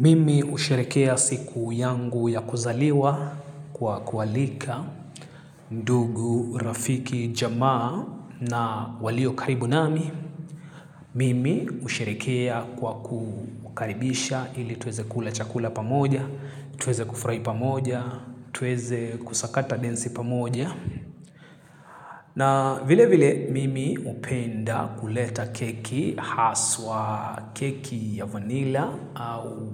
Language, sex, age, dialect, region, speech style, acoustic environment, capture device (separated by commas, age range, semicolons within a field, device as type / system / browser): Swahili, male, 25-34, Kenya - Nairobi Sheng-influenced, Kenya, happy; excited; focused; relaxed, silence, mobile / Linux / Chrome